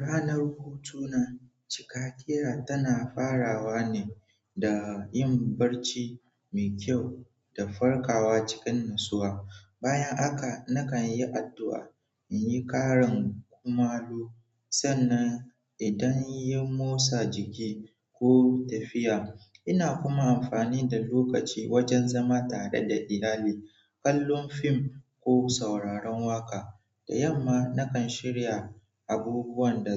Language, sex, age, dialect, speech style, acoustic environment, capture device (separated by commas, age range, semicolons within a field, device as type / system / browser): Hausa, male, 18-24, Nigeria - Nigerian Standard, focused; relaxed, people talking; nature, mobile / Android / Chrome